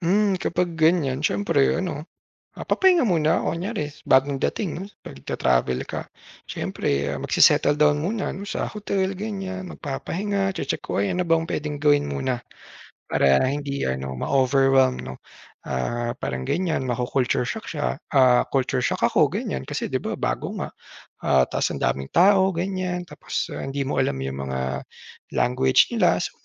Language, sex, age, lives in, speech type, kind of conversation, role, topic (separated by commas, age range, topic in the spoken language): Filipino, male, 30-34, Philippines, conversation, podcast, guest, Ano ang unang nararamdaman mo kapag pumapasok ka sa isang bagong bayan o lungsod?